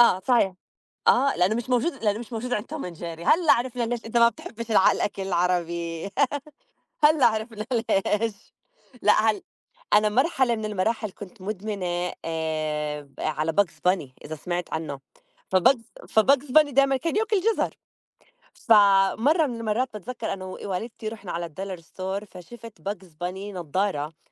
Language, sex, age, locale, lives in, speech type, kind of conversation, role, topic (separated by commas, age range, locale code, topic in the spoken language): Arabic, female, 35-39, ar-JO, United States, conversation, unstructured, none, إيه اللي بيميز أفلام الرسوم المتحركة عن باقي الأفلام؟